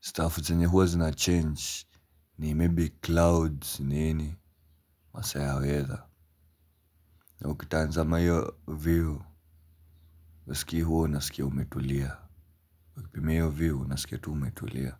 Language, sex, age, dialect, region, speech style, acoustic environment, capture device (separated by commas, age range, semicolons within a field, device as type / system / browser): Swahili, male, 18-24, Kenya - Nairobi Sheng-influenced, Kenya, relaxed, appliances; nature; people talking, mobile / Linux / Chrome